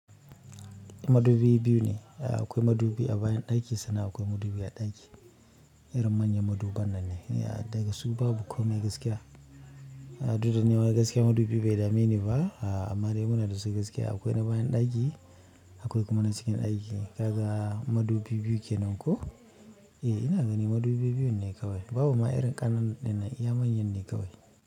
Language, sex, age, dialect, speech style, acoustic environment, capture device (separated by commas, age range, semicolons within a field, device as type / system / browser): Hausa, male, 25-34, Nigeria - Kano, focused, nature, mobile / Linux / Chrome